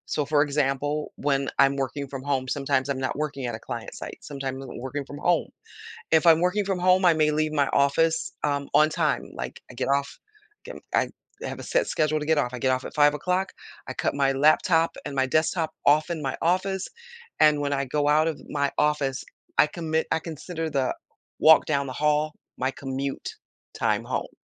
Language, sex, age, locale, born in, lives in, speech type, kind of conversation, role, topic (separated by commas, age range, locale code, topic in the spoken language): English, female, 60-64, en-US, United States, United States, conversation, podcast, guest, What are some effective ways to maintain a healthy balance between digital devices and offline activities?